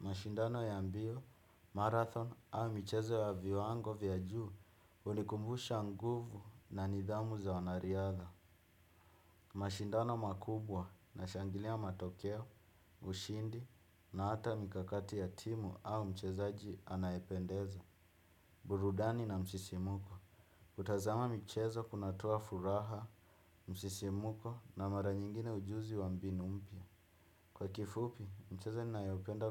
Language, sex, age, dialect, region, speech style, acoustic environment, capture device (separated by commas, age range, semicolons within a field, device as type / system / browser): Swahili, male, 25-34, Kenya - Nairobi Sheng-influenced, Kenya, happy, music, desktop / Windows / Chrome